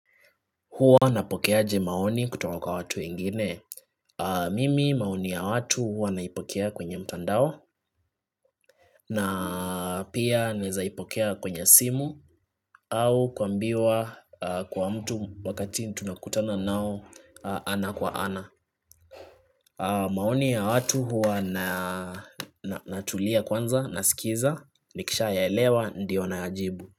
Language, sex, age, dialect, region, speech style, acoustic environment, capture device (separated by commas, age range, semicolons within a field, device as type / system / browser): Swahili, male, 25-34, Kenya - Nairobi Sheng-influenced, Kenya, relaxed; focused, nature, desktop / Linux / Chrome